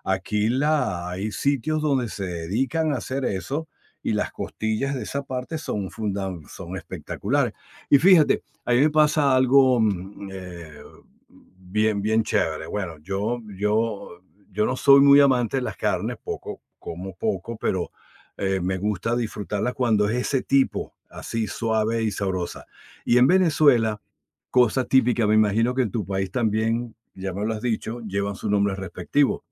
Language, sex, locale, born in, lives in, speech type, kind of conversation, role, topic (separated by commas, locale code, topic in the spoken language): Spanish, male, es-VE, Venezuela, United States, conversation, unstructured, none, ¿Puedes contarme una anécdota divertida de alguna celebración cultural?